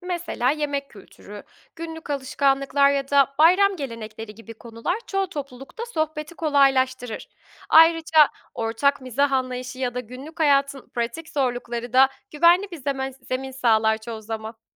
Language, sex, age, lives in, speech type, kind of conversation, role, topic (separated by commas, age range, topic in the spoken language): Turkish, female, 25-29, Germany, read, expert-assistant, expert, Farklı dinleri anlamak için hangi günlük alışkanlıklar geliştirilebilir?